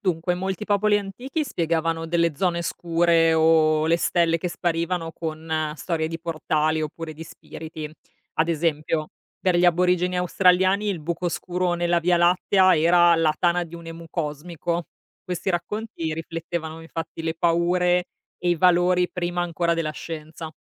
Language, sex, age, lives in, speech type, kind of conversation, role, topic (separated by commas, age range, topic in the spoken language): Italian, female, 35-39, Italy, read, expert-assistant, expert, Perché le stelle e i buchi neri affascinano così tanto le persone in culture diverse?